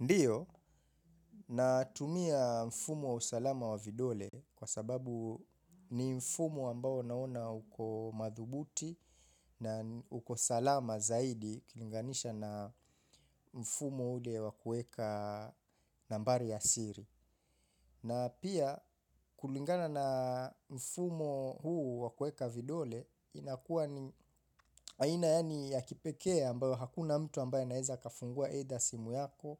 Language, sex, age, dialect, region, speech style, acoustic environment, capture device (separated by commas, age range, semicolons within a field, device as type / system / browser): Swahili, male, 25-34, Kenya - Mombasa, Kenya, focused, nature, mobile / Linux / Chrome